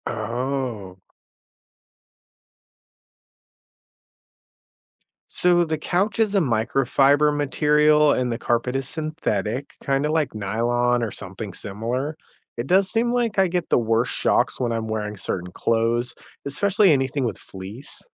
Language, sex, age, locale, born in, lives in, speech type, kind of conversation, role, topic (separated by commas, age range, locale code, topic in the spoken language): English, male, 35-39, en-US, United States, United States, read, expert-assistant, asker, What is static electricity and how does it form?